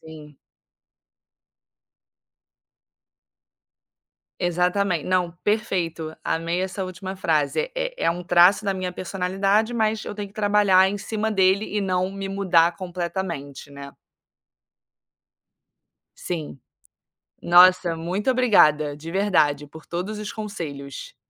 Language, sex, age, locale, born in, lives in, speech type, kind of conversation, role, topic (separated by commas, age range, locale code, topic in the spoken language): Portuguese, female, 25-29, pt-BR, Brazil, Spain, conversation, advice, user, Como posso conversar com meus amigos sobre conflitos e divergências sem acabar em uma briga?